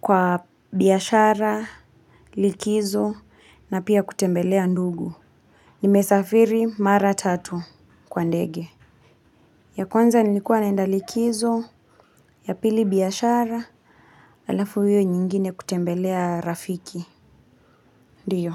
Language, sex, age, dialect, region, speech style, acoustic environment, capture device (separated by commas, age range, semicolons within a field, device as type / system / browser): Swahili, female, 25-34, Kenya - Kiambu, Kenya, relaxed, silence, mobile / Linux / Chrome